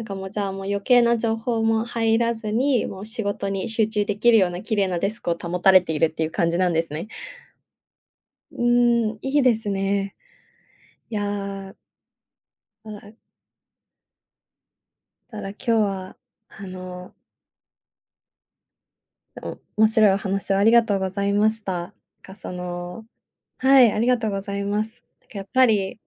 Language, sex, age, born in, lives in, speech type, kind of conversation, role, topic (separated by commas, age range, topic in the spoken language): Japanese, female, 20-24, Japan, Japan, conversation, podcast, host, あなたの人生を豊かにしてくれた小さな習慣は何ですか？